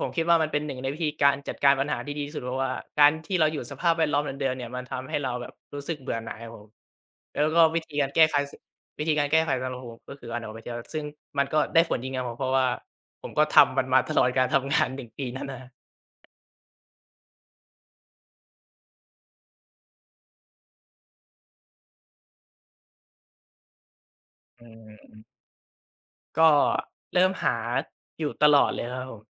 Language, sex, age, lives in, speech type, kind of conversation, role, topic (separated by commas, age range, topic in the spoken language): Thai, male, 20-24, Thailand, conversation, podcast, guest, คุณหาแรงบันดาลใจจากอะไรบ้าง?